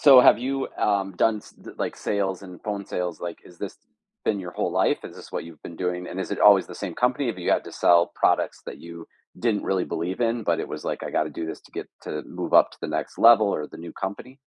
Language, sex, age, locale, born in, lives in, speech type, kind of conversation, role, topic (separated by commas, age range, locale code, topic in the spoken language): English, male, 45-49, en-US, United States, United States, conversation, podcast, host, How do you handle the most difficult aspects of your work?